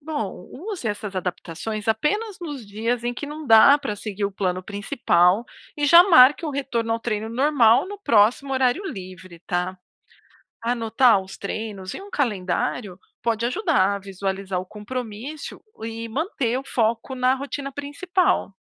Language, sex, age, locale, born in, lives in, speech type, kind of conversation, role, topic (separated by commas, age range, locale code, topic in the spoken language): Portuguese, female, 40-44, pt-BR, Brazil, United States, read, expert-assistant, expert, Como criar uma rotina de exercícios sustentável mesmo com agenda cheia?